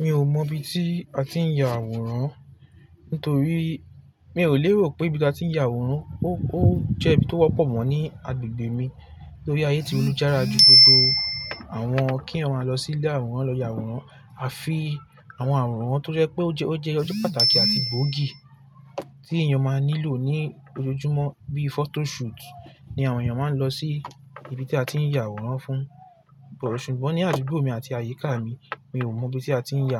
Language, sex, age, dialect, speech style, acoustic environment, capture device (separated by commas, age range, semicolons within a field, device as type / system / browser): Yoruba, male, 18-24, Nigeria - Lagos Yoruba, relaxed; focused, nature, mobile / Linux / Chrome